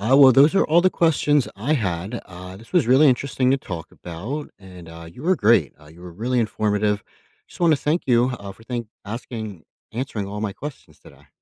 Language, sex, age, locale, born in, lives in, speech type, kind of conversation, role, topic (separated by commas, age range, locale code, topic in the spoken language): English, male, 30-34, en-US, United States, United States, read, expert-assistant, asker, Why do some metals rust when exposed to air and water?